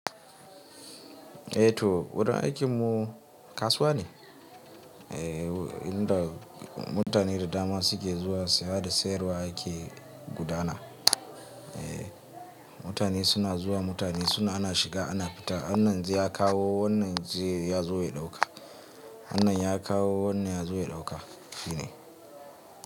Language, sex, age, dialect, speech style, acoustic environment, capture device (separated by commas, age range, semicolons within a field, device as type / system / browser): Hausa, male, 25-34, Nigeria - Kano, relaxed, nature, mobile / Linux / Chrome